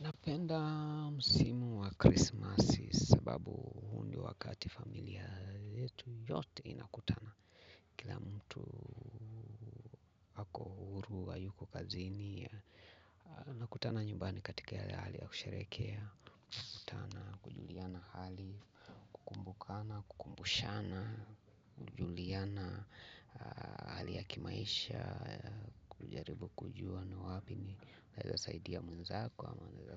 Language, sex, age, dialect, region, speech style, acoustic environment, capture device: Swahili, male, 45-54, Kenya - Mombasa, Kenya, relaxed, people talking, mobile / Linux / Chrome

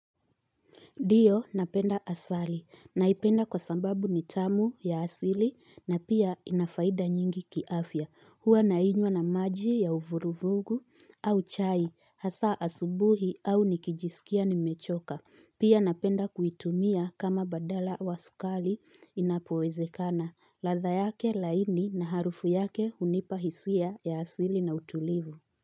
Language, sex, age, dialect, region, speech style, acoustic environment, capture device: Swahili, female, 25-34, Kenya - Nairobi Sheng-influenced, Kenya, focused, appliances, mobile / Linux / Chrome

